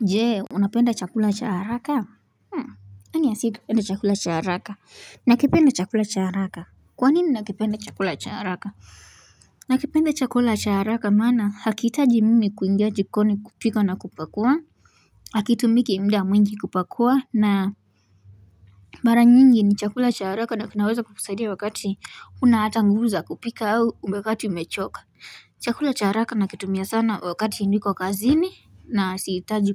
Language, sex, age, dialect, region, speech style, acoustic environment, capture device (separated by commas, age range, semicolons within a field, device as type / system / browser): Swahili, female, 18-24, Kenya - Nairobi Sheng-influenced, Kenya, relaxed, people talking; nature, mobile / Linux / Chrome